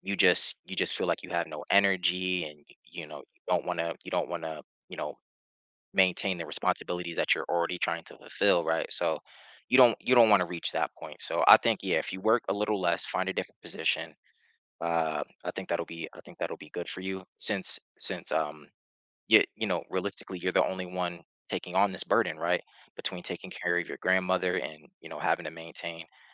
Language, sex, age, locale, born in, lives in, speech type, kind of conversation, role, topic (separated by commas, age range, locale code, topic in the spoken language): English, male, 30-34, en-US, United States, United States, conversation, advice, advisor, How can I manage my overwhelming daily responsibilities?